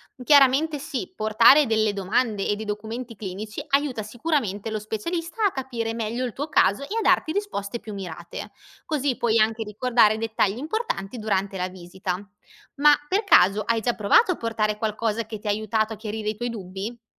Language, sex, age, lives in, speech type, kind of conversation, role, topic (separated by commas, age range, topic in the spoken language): Italian, female, 25-29, Italy, read, expert-assistant, expert, Come scegliere tra pareri medici diversi?